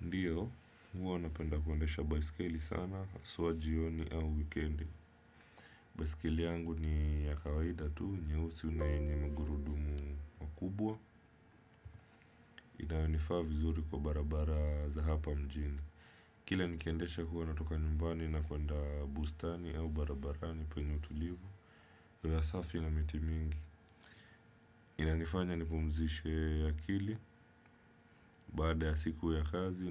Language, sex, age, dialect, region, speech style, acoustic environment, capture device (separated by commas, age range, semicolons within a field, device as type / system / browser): Swahili, male, 25-34, Kenya - Mombasa, Kenya, relaxed; focused; anxious, silence; appliances, desktop / Windows / Chrome